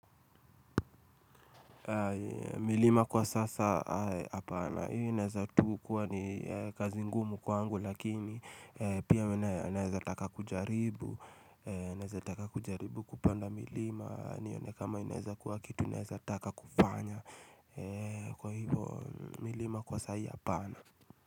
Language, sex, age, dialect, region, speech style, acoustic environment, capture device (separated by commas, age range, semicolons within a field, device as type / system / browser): Swahili, male, 25-34, Kenya - Nairobi Sheng-influenced, Kenya, relaxed, silence, mobile / Linux / Chrome